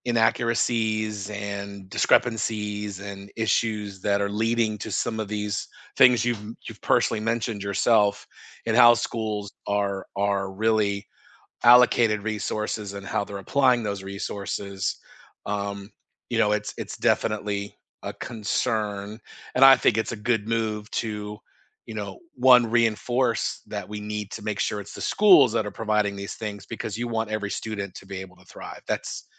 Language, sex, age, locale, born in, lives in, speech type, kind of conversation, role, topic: English, male, 50-54, en-US, United States, United States, conversation, unstructured, none, Is it fair that some schools have more resources than others?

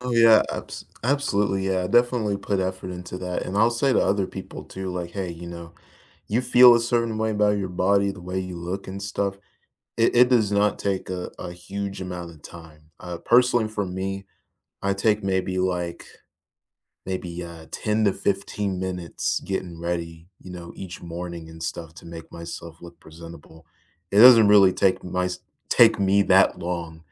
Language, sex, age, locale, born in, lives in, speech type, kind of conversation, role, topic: English, male, 20-24, en-US, United States, United States, conversation, unstructured, none, What simple weekend rituals do you look forward to?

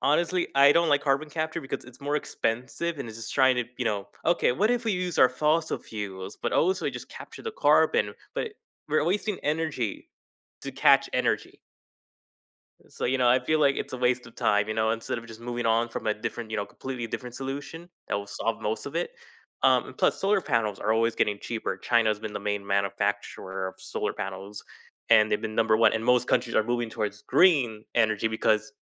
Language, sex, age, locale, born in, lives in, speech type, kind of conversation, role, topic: English, male, 20-24, en-US, United States, United States, conversation, podcast, guest, How are new technologies changing the way we address environmental challenges?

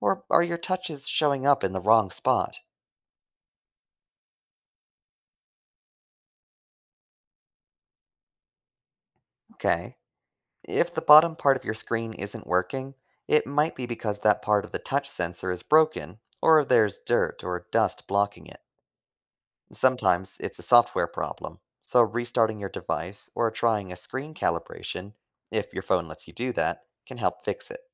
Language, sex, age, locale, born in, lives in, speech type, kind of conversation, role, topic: English, male, 25-29, en-US, United States, United States, read, expert-assistant, expert, How does a touch screen detect your finger?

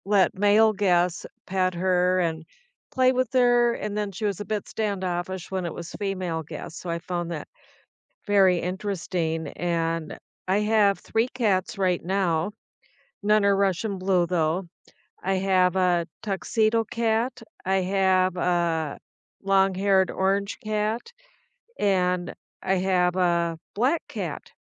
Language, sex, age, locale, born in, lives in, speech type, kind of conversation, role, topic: English, female, 65-69, en-US, United States, United States, conversation, unstructured, none, How do pets become part of the family and shape the way you connect with others?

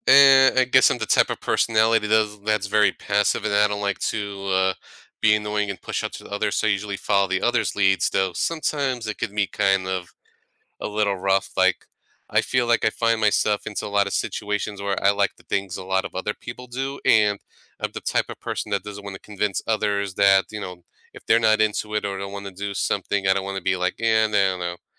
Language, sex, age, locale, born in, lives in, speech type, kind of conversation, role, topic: English, male, 35-39, en-US, United States, United States, conversation, unstructured, none, How do you motivate yourself to start something you don’t want to do?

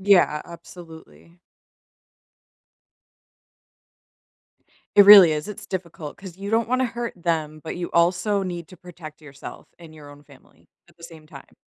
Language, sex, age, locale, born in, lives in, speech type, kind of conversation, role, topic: English, female, 30-34, en-US, United States, United States, conversation, unstructured, none, When is it okay to cut ties with toxic family members?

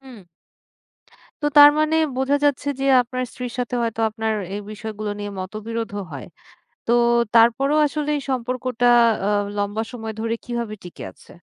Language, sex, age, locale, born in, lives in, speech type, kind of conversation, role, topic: Bengali, female, 30-34, bn-BD, Bangladesh, Bangladesh, conversation, podcast, host, তুমি কি সম্পর্কগুলোতে দীর্ঘ সময় ধরে বিনিয়োগ করতে বিশ্বাস করো?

